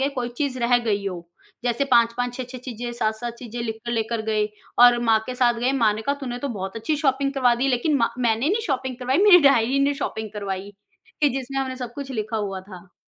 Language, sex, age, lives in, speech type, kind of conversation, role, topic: Hindi, female, 35-39, India, conversation, podcast, guest, किस आदत ने आपकी जिंदगी बदल दी?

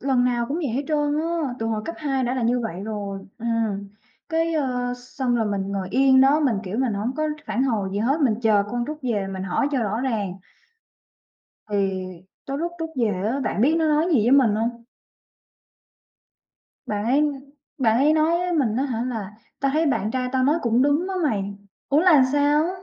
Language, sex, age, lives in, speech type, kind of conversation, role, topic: Vietnamese, female, 25-29, Vietnam, conversation, advice, user, Tại sao bạn thân của tôi thay đổi và trở nên xa lạ, và tôi nên làm gì để cải thiện mối quan hệ này?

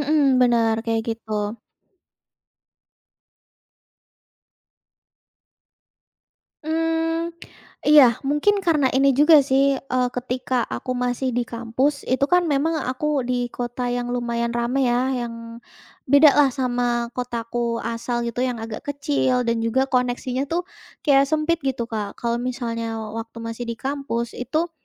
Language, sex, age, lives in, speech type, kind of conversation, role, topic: Indonesian, female, 20-24, Indonesia, conversation, podcast, guest, Apa tips Anda untuk membangun relasi secara daring dan luring?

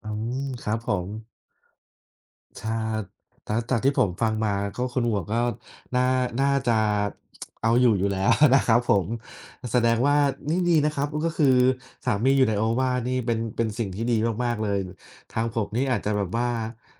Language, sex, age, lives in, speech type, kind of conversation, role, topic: Thai, male, 30-34, Thailand, conversation, unstructured, none, เวลาคุณต้องโน้มน้าวใจใคร คุณมักใช้วิธีไหนบ้าง?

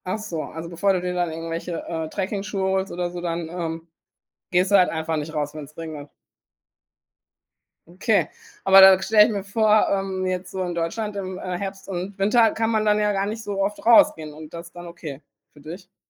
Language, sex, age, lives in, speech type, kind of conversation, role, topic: German, female, 40-44, Germany, conversation, podcast, host, Was macht dir beim Spazierengehen besonders Freude?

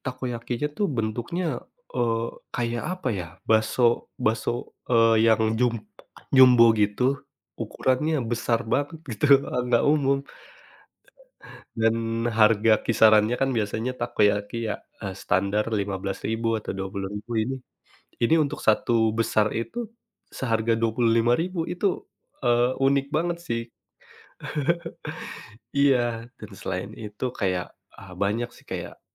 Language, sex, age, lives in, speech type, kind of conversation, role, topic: Indonesian, male, 30-34, Indonesia, conversation, podcast, guest, Apa pengalaman paling berkesan saat kamu makan jajanan kaki lima?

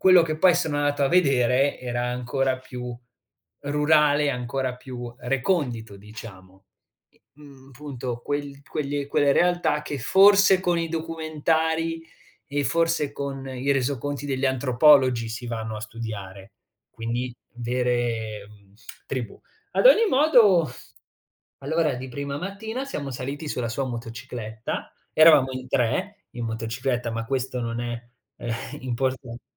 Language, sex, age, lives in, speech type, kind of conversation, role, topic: Italian, male, 20-24, Italy, conversation, podcast, guest, Puoi raccontarmi di un incontro inaspettato con la gente del posto?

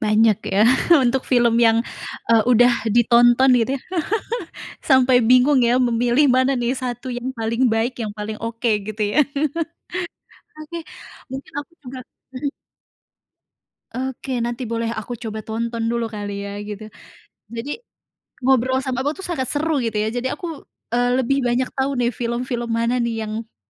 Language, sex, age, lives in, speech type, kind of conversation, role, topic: Indonesian, female, 30-34, Indonesia, conversation, podcast, host, Film Indonesia apa yang paling berkesan buat kamu, dan kenapa?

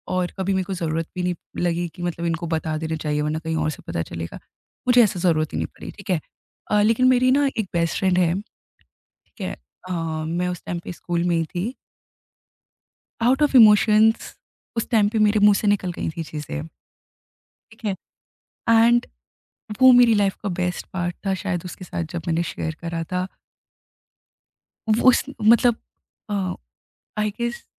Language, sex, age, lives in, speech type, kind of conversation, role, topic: Hindi, female, 20-24, India, conversation, podcast, guest, Apni kamzoriyaan/naazuk jazbaat share karne se kya bharosa banta hai—tumhare tajurbe mein?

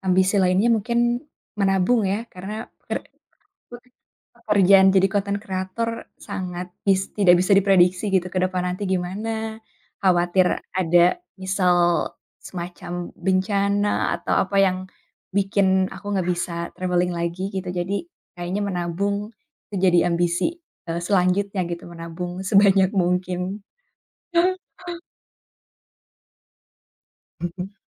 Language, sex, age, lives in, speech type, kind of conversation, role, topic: Indonesian, female, 25-29, Indonesia, conversation, podcast, guest, Apa ambisimu dalam lima atau sepuluh tahun ke depan?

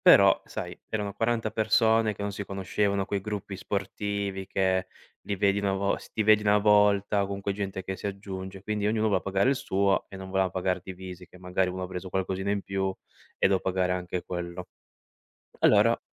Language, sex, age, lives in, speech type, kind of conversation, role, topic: Italian, male, 25-29, Italy, conversation, podcast, guest, Raccontami di un errore che ti ha insegnato molto.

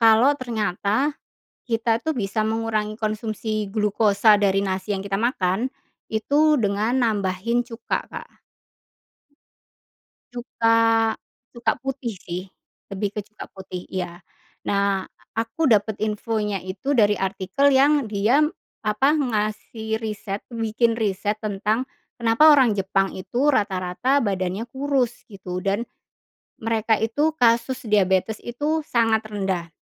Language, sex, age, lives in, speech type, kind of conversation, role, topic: Indonesian, female, 40-44, Indonesia, conversation, podcast, guest, Bagaimana cara Anda mengurangi konsumsi gula sehari-hari?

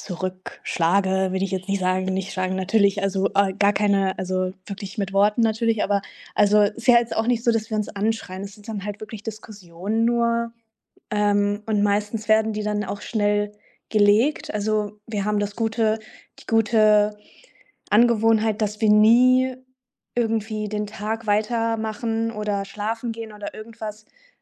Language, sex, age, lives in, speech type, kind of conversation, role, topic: German, female, 25-29, Germany, conversation, advice, user, Warum eskalieren meine Beziehungskonflikte immer wieder auf die gleiche Weise?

